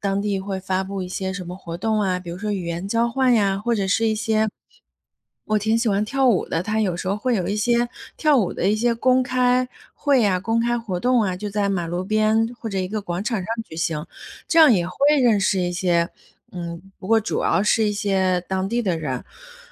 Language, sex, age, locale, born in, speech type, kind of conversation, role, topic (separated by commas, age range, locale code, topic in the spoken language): Chinese, female, 30-34, zh-CN, China, conversation, advice, user, 我刚到新城市，结交朋友很困难并且有社交焦虑，该怎么办？